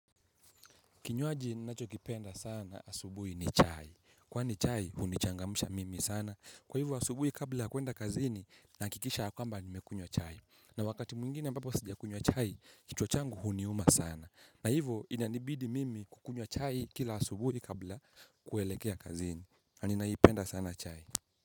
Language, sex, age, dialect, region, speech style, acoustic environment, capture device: Swahili, male, 18-24, Kenya - Mombasa, Kenya, happy; relaxed; focused, people talking; appliances; nature, mobile / Linux / Chrome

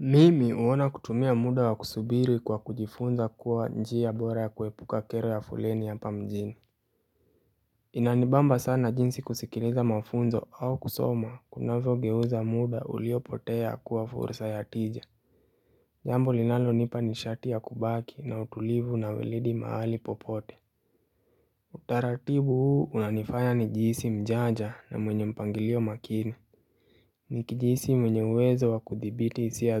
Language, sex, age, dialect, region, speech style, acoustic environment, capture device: Swahili, male, 18-24, Kenya - Nairobi Sheng-influenced, Kenya, relaxed; happy; focused, nature; appliances; silence, mobile / Linux / Chrome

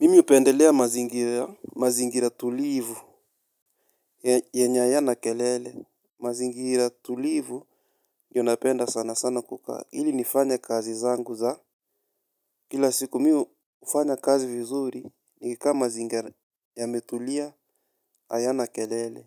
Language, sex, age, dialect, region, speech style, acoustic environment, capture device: Swahili, male, 25-34, Kenya - Nairobi Sheng-influenced, Kenya, happy, silence, mobile / Linux / Chrome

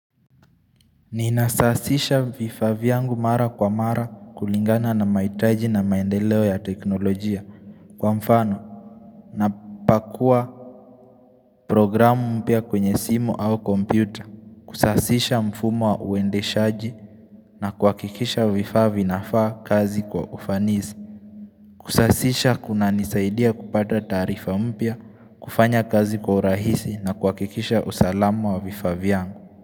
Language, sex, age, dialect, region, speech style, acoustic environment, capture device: Swahili, male, 18-24, Kenya - Nairobi Sheng-influenced, Kenya, focused; relaxed, people talking; nature; music; silence; traffic; construction; appliances, mobile / Linux / Chrome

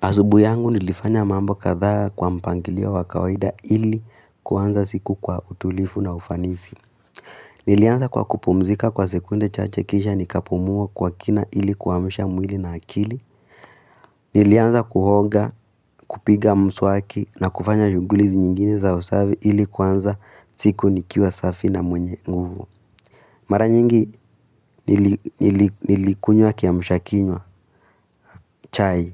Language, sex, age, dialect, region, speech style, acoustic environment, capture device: Swahili, female, 18-24, Kenya - Nairobi Sheng-influenced, Kenya, excited; happy, silence; nature, mobile / Linux / Chrome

